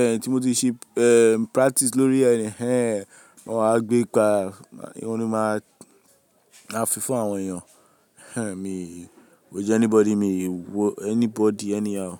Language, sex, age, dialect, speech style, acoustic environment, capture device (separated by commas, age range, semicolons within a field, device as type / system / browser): Yoruba, male, 18-24, Nigeria - Lagos, relaxed, music; people talking; appliances, mobile / Linux / Chrome